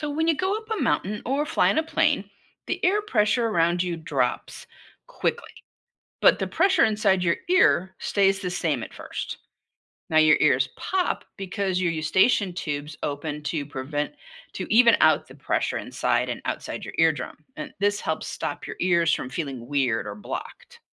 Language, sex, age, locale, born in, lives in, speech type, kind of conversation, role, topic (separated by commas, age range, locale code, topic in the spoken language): English, female, 60-64, en-US, United States, United States, read, expert-assistant, expert, Why do your ears pop when you go up a mountain or fly in a plane?